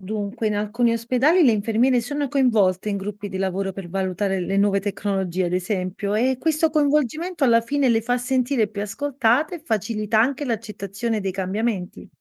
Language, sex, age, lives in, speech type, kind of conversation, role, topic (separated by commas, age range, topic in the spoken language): Italian, female, 30-34, Italy, read, expert-assistant, expert, Quali strumenti o tecnologie potrebbero aiutare gli infermieri a prendersi cura dei pazienti in modo più semplice e umano?